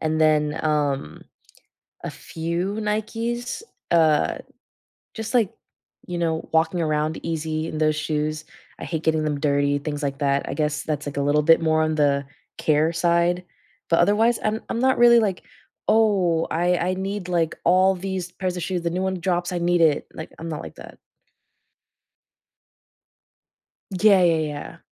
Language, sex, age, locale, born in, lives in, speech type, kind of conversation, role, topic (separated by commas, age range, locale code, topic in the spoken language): English, female, 20-24, en-US, United States, United States, conversation, podcast, guest, In what ways do your personal experiences and surroundings shape how your style evolves over time?